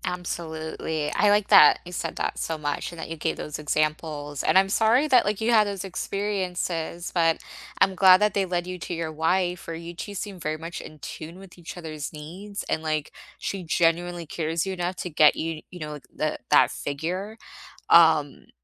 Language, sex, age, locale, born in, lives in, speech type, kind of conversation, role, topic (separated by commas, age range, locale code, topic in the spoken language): English, female, 25-29, en-US, United States, United States, conversation, unstructured, none, How can you put your love languages into action in everyday life?